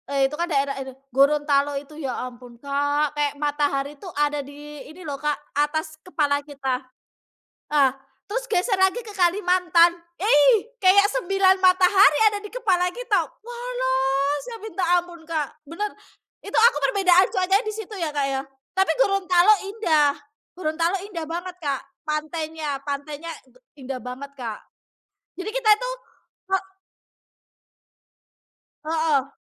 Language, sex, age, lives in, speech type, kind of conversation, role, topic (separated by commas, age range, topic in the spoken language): Indonesian, female, 30-34, Indonesia, conversation, unstructured, none, Apa kegiatan favoritmu yang membuatmu merasa lebih dekat dengan alam?